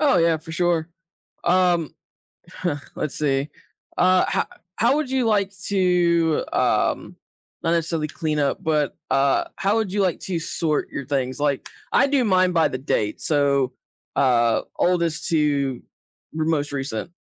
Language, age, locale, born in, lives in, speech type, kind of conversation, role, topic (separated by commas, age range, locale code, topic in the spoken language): English, 30-34, en-US, United States, United States, conversation, unstructured, none, What simple tips help you keep your digital photos and videos organized—and enjoy revisiting memories together?